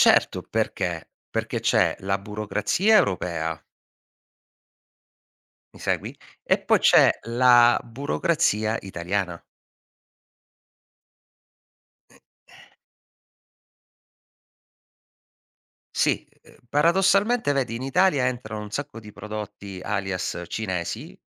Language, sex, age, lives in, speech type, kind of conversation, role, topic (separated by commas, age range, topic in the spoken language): Italian, male, 40-44, Italy, conversation, podcast, guest, Quando decidi che un progetto è davvero pronto per essere lanciato sul mercato?